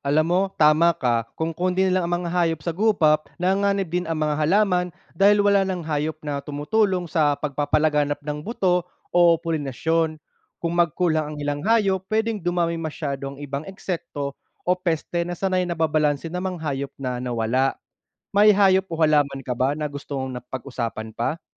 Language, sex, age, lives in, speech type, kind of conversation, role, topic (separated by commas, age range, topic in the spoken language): Filipino, male, 30-34, Philippines, read, expert-assistant, expert, Ano ang mga epekto ng pagputol ng kagubatan sa mga hayop?